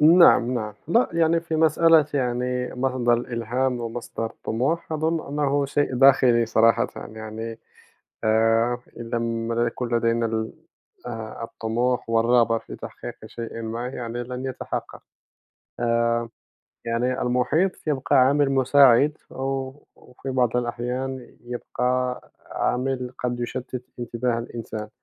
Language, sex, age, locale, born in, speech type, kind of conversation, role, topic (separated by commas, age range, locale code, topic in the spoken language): Arabic, male, 30-34, ar-MA, Morocco, conversation, unstructured, none, إيه اللي بيلهمك إنك تحقق طموحاتك؟